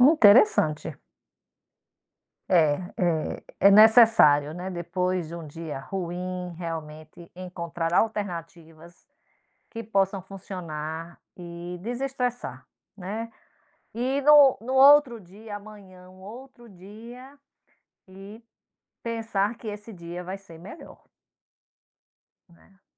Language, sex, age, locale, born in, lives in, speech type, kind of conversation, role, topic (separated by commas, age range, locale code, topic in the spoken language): Portuguese, female, 50-54, pt-BR, Brazil, United States, conversation, podcast, host, Que hobby ajuda você a desestressar depois de um dia ruim?